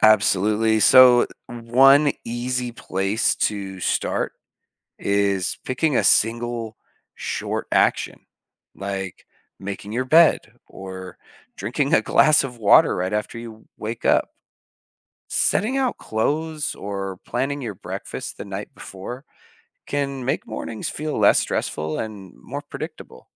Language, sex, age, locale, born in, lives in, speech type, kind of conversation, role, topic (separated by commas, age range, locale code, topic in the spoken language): English, male, 30-34, en-US, United States, United States, read, expert-assistant, expert, How do people adapt to changes in their lives?